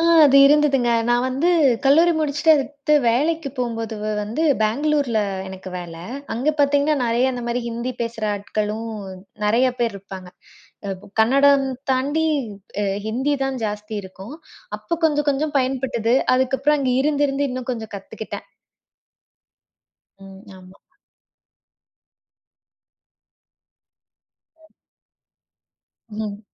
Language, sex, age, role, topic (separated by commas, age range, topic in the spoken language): Tamil, female, 25-29, guest, மொழி புரியாததால் தவறாக புரிந்து நடந்த ஒரு சம்பவத்தைப் பகிரலாமா?